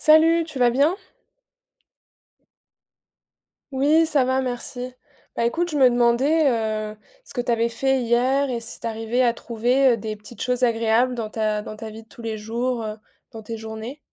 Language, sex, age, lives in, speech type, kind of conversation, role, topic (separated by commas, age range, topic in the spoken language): French, female, 20-24, France, conversation, unstructured, none, Comment trouves-tu du plaisir dans les petites choses ?